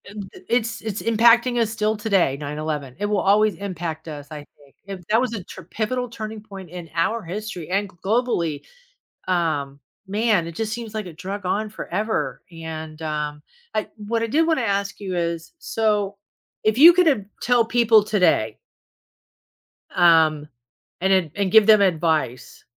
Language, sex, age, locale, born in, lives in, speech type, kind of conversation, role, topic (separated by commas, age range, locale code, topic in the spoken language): English, female, 55-59, en-US, United States, United States, conversation, podcast, host, How do major historical events continue to shape our world today?